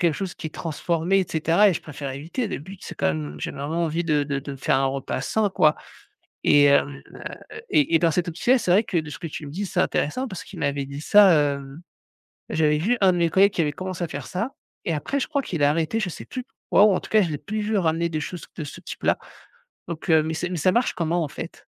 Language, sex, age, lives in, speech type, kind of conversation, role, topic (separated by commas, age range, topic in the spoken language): French, male, 35-39, France, conversation, advice, user, Comment puis-je planifier des repas sains chaque semaine ?